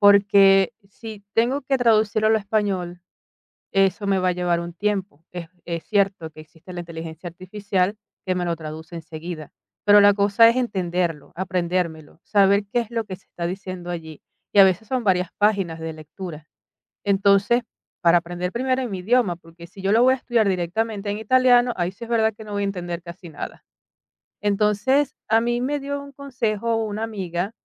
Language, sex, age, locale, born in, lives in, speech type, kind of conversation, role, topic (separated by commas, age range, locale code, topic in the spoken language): Spanish, female, 45-49, es-VE, Venezuela, Italy, conversation, advice, user, ¿Por qué me siento mentalmente agotado después de breves periodos de concentración?